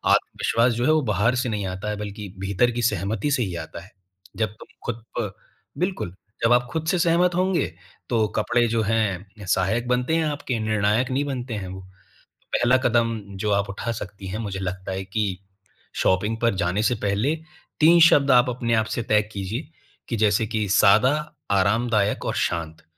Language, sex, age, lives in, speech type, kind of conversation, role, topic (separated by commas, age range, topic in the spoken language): Hindi, male, 25-29, India, conversation, advice, advisor, मैं अपना व्यक्तिगत स्टाइल और आत्मविश्वास कैसे ढूँढूँ, और खरीदारी में क्या मदद लेनी चाहिए?